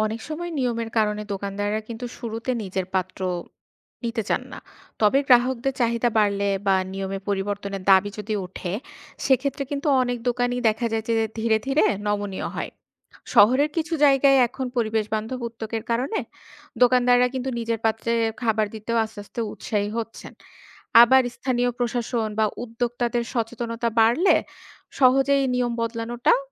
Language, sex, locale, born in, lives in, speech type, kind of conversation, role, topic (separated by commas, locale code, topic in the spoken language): Bengali, female, bn-BD, Bangladesh, Bangladesh, read, expert-assistant, expert, পরিবেশবান্ধব সহজ অভ্যাস গড়ে তোলা যায় কিভাবে?